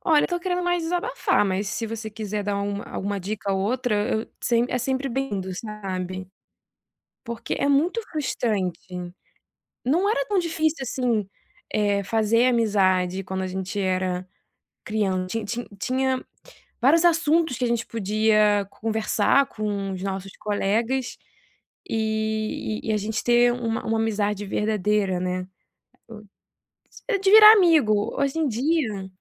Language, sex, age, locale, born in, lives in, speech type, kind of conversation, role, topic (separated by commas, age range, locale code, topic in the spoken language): Portuguese, female, 25-29, pt-BR, Brazil, France, conversation, advice, user, Por que tenho dificuldade em manter conversas significativas com pessoas novas?